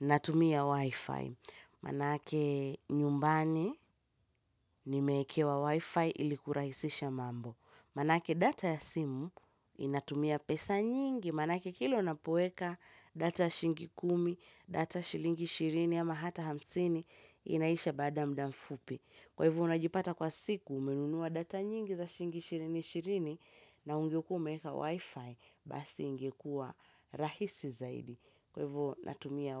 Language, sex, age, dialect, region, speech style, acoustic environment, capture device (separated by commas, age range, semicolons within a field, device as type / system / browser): Swahili, female, 25-34, Kenya - Mombasa, Kenya, happy; excited; relaxed, silence, mobile / Linux / Chrome